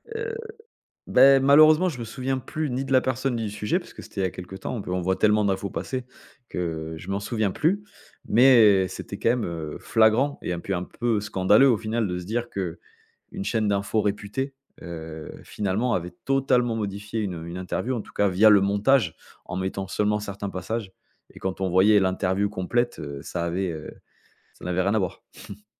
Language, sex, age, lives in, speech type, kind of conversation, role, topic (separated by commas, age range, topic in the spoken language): French, male, 35-39, France, conversation, podcast, guest, Pourquoi les fausses informations se propagent-elles si vite, selon toi ?